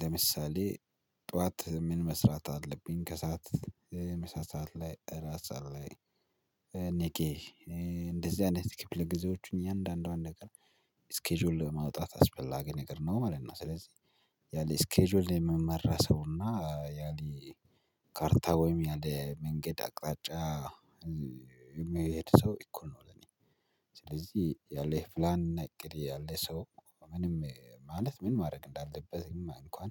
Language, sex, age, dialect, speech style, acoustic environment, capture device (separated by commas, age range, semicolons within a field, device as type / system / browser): Amharic, male, 25-34, Ethiopia - Addis Ababa, relaxed, silence, mobile / Linux / Chrome